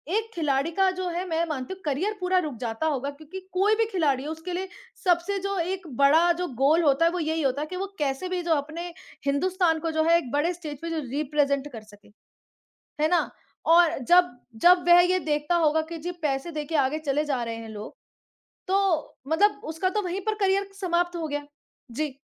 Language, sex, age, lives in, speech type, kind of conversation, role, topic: Hindi, female, 25-29, India, conversation, unstructured, none, क्या खेलों में भ्रष्टाचार खिलाड़ियों का मनोबल गिराता है?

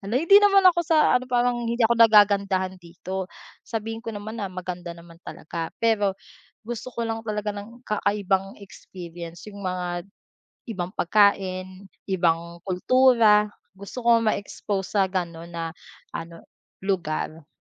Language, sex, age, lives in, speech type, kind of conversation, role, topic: Filipino, female, 25-29, Philippines, conversation, advice, user, Paano mo haharapin ang stigma at paghusga dahil sa pagpili mo ng trabahong itinuturing nilang kakaiba?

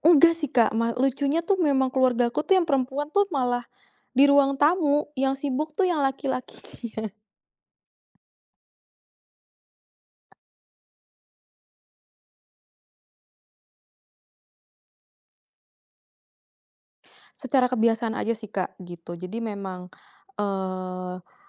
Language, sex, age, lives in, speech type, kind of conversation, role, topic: Indonesian, female, 30-34, Indonesia, conversation, podcast, guest, Apa makanan keluarga yang selalu dibawa dari generasi ke generasi?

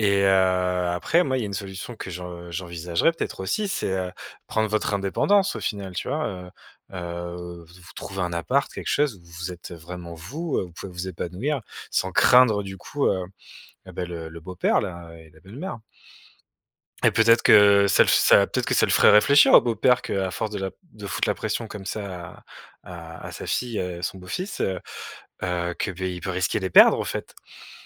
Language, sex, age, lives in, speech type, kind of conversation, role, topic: French, male, 35-39, France, conversation, advice, advisor, Comment décrirais-tu la pression familiale que tu ressens concernant ton choix de carrière ou de mariage ?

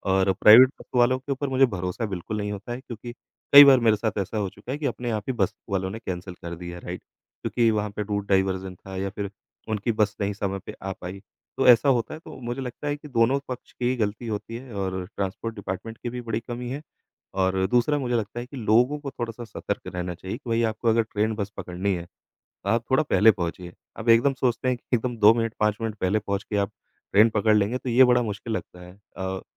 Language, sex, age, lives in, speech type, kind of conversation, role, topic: Hindi, male, 35-39, India, conversation, podcast, guest, क्या आपके साथ कभी ऐसा हुआ है कि ट्रेन या बस छूटने के बाद भी कुछ अच्छा हो गया हो?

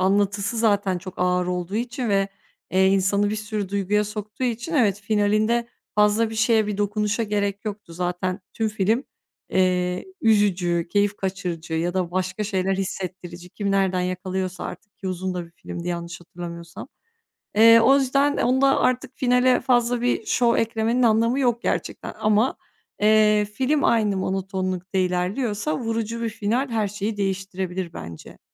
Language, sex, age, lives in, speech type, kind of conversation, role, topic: Turkish, female, 30-34, Bulgaria, conversation, podcast, guest, Bir filmin finali seni nasıl tatmin eder?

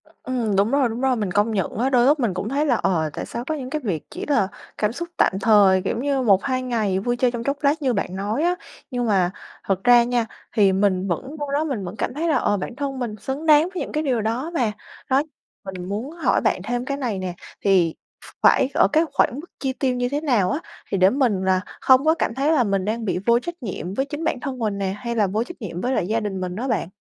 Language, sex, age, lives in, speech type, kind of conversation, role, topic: Vietnamese, female, 25-29, Vietnam, conversation, advice, user, Làm thế nào để bạn kiểm soát việc chi tiêu bốc đồng để không làm hỏng kế hoạch tiết kiệm của mình?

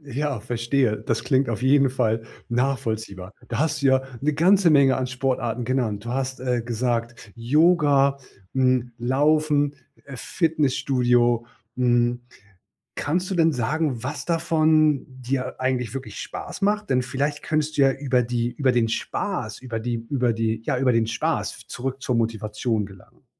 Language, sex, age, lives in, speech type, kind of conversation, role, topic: German, male, 40-44, Germany, conversation, advice, advisor, Warum fällt es mir schwer, mit Sport anzufangen und regelmäßig dranzubleiben?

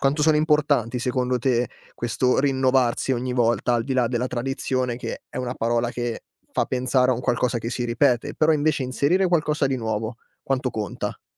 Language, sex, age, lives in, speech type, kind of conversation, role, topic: Italian, male, 25-29, Italy, conversation, podcast, host, Raccontami una tradizione di famiglia che segui ancora?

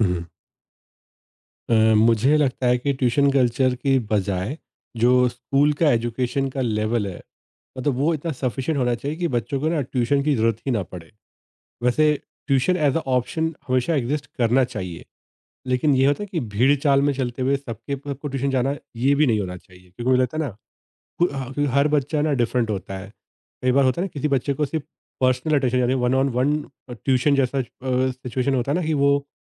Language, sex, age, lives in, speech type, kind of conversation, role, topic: Hindi, male, 30-34, India, conversation, podcast, guest, Extra tuition culture society ko kaise shape karti hai, tum kya sochte ho?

